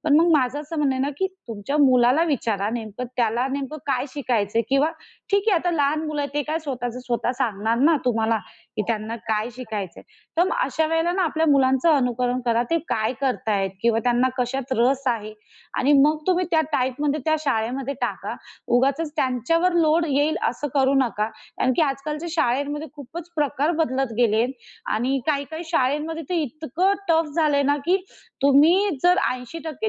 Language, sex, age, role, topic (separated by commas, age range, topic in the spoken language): Marathi, female, 30-34, guest, शाळा परीक्षाकेंद्रित न राहता कौशल्यकेंद्रित कशा बनवता येतील?